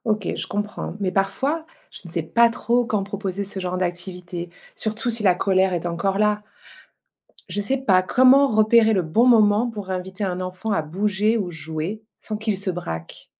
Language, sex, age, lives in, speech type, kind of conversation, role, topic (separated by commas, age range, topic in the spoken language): French, female, 45-49, France, read, expert-assistant, asker, Comment aider un enfant à reconnaître et exprimer ses émotions ?